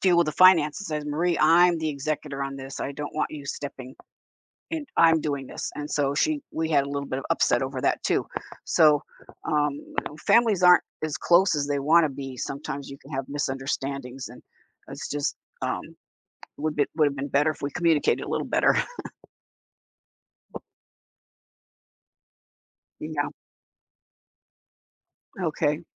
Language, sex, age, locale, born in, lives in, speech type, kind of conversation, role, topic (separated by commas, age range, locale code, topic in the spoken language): English, female, 70-74, en-US, United States, United States, conversation, podcast, guest, How can we be there for loved ones during difficult times without overstepping their boundaries?